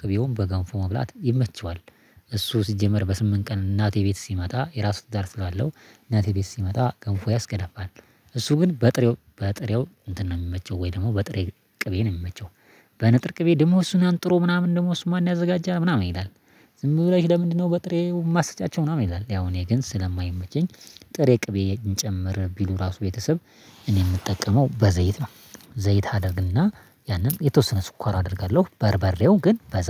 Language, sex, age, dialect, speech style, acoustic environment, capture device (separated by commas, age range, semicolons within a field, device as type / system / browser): Amharic, male, 25-34, Ethiopia - Addis Ababa, happy, nature, mobile / Linux / Chrome